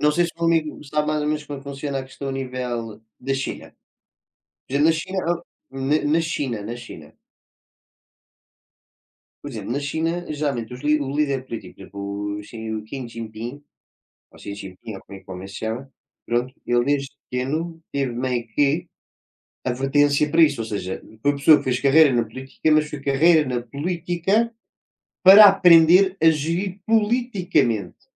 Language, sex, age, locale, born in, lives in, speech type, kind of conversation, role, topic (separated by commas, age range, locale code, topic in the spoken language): Portuguese, male, 20-24, pt-PT, Portugal, Portugal, conversation, unstructured, none, Como a participação dos jovens pode transformar a política local?